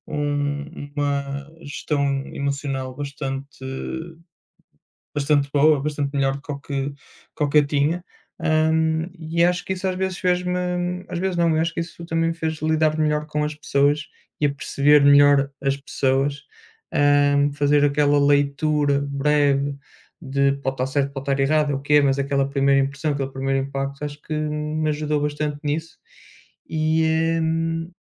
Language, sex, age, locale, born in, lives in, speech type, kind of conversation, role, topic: Portuguese, male, 30-34, pt-PT, Portugal, Portugal, conversation, unstructured, none, O que você aprendeu com os seus maiores desafios?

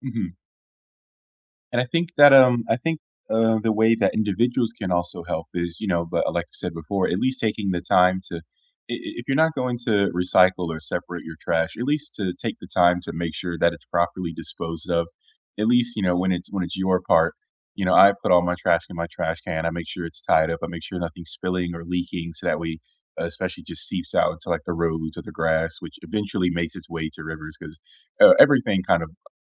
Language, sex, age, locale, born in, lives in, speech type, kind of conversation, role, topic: English, male, 25-29, en-US, United States, United States, conversation, unstructured, none, What do you think about the amount of trash in our oceans?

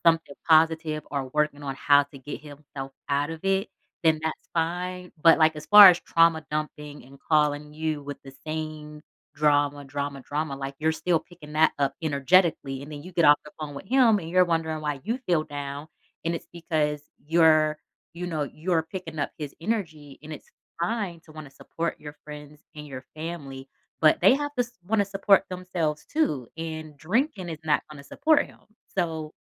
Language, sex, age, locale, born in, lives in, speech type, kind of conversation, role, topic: English, female, 35-39, en-US, United States, United States, conversation, advice, advisor, How do I talk to a family member who let me down and rebuild trust?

